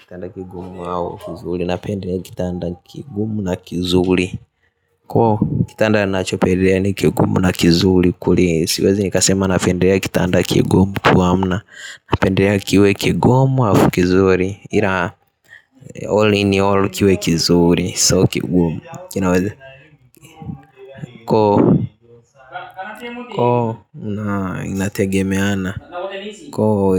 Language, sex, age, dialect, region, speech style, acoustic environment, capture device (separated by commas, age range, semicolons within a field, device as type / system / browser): Swahili, male, 18-24, Tanzania - Dar es Salaam, Tanzania, focused, nature, mobile / Linux / Chrome